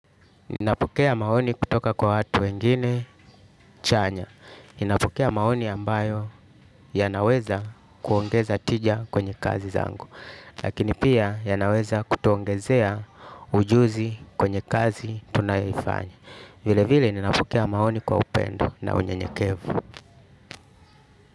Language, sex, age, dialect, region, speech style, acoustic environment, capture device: Swahili, male, 35-44, Tanzania - Dar es Salaam, Tanzania, happy, music; nature, desktop / Linux / Chrome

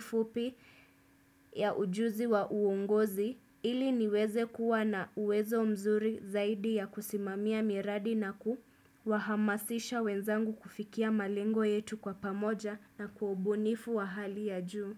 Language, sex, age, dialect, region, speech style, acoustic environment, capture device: Swahili, female, 25-34, Kenya - Mombasa, Kenya, happy; relaxed; focused; excited, silence, desktop / Windows / Chrome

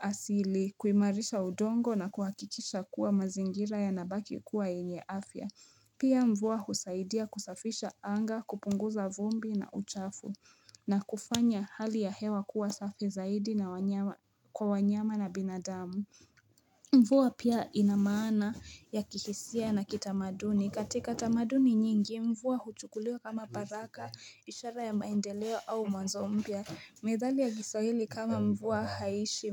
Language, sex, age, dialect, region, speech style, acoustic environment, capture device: Swahili, female, 18-24, Kenya - Nairobi Sheng-influenced, Kenya, excited; focused, nature, mobile / Linux / Chrome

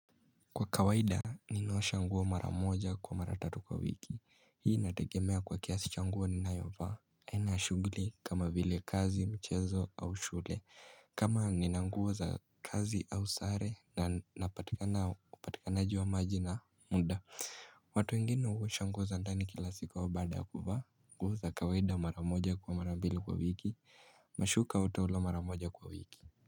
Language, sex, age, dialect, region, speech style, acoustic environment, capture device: Swahili, male, 18-24, Kenya - Mombasa, Kenya, anxious, silence, mobile / Linux / Chrome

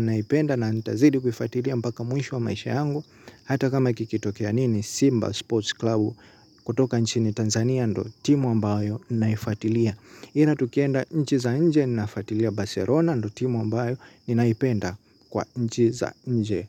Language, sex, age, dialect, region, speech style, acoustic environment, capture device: Swahili, male, 18-24, Tanzania - Dar es Salaam, Tanzania, happy, silence, mobile / Linux / Chrome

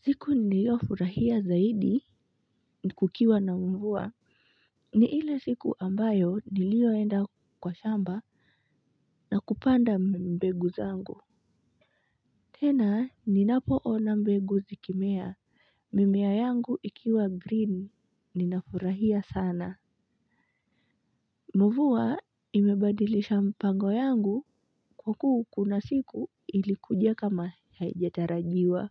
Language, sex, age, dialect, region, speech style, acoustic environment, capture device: Swahili, female, 25-34, Kenya - Mombasa, Kenya, relaxed, nature, mobile / Linux / Chrome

